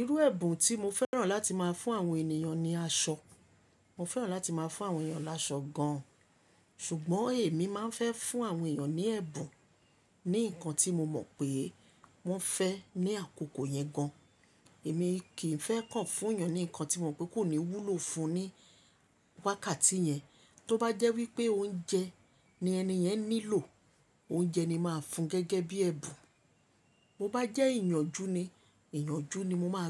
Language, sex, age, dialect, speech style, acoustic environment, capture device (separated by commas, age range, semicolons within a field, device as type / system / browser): Yoruba, female, 25-34, Nigeria - Lagos Yoruba, relaxed; happy, appliances; nature, mobile / Linux / Chrome